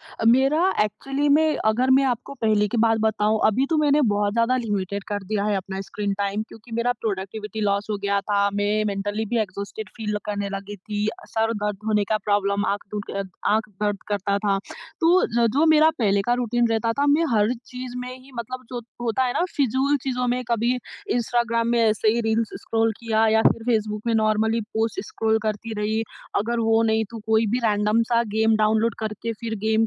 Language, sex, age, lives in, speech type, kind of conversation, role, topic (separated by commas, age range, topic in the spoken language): Hindi, female, 20-24, India, conversation, podcast, guest, Screen time ko control karne ka tumhara kya rule hai?